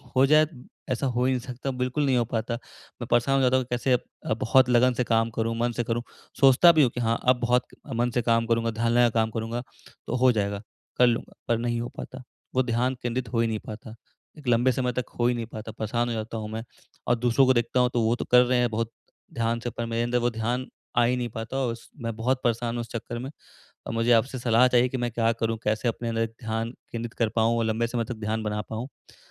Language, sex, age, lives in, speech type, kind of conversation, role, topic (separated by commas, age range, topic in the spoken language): Hindi, male, 20-24, India, conversation, advice, user, मैं लंबे समय तक ध्यान कैसे केंद्रित रख सकता हूँ?